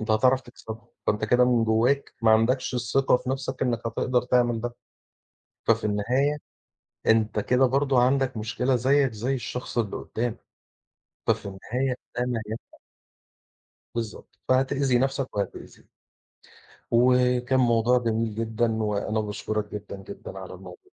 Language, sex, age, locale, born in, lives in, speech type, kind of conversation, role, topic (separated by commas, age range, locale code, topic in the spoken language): Arabic, male, 40-44, ar-EG, Egypt, Portugal, conversation, unstructured, none, إزاي بتتعامل مع موقف بيحتاج إنك تاخد قرار أخلاقي صعب؟